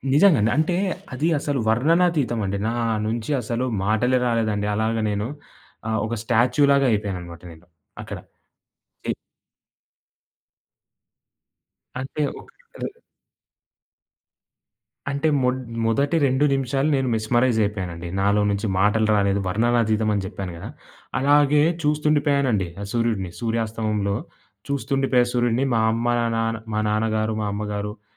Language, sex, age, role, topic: Telugu, male, 20-24, guest, సూర్యాస్తమ్యాన్ని చూడటం మీకు ఎందుకు ఇష్టం?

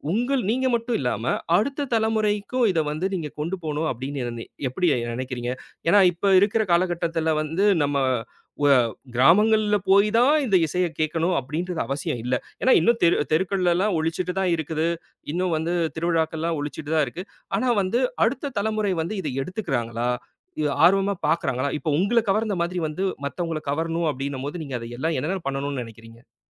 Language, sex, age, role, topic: Tamil, male, 30-34, host, நாட்டுப்புற இசை உங்களுக்கு எப்படிப் பிடித்தது?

